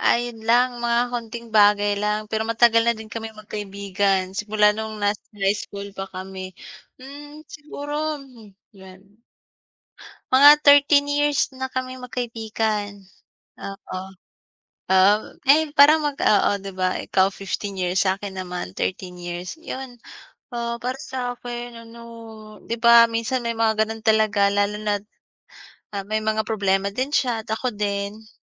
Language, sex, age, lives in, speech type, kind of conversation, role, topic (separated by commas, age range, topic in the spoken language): Filipino, female, 25-29, Philippines, conversation, unstructured, none, Paano mo nilulutas ang hindi pagkakaunawaan ninyo ng kaibigan mo?